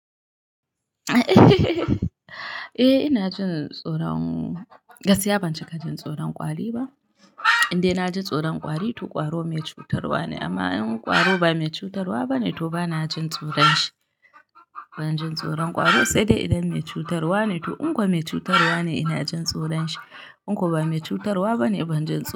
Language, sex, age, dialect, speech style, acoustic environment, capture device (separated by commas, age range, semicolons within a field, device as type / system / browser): Hausa, female, 25-34, Nigeria - Kano, happy, nature; people talking, mobile / Linux / Chrome